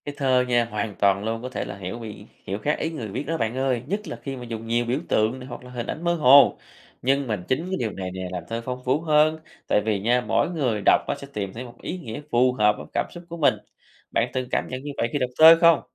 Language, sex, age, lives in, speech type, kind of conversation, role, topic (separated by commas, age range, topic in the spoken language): Vietnamese, male, 30-34, Vietnam, read, expert-assistant, expert, Vì sao con người lại thích dùng thơ để chia sẻ cảm xúc của mình?